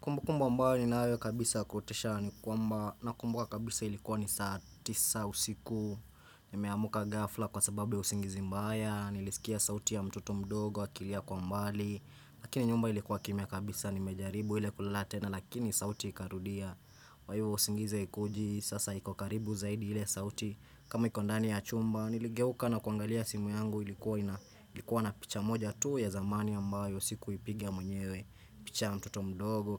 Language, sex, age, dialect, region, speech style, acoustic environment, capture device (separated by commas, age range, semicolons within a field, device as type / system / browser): Swahili, male, 18-24, Kenya - Nairobi Sheng-influenced, Kenya, focused, silence, desktop / Windows / Chrome